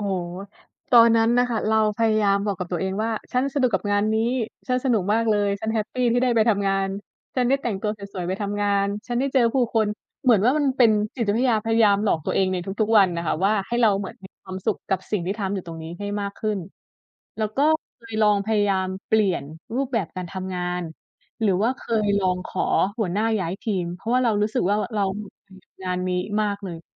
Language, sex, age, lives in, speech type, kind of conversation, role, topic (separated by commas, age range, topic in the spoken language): Thai, female, 25-29, Thailand, conversation, unstructured, none, คุณเคยรู้สึกหมดไฟกับงานที่ทำไหม?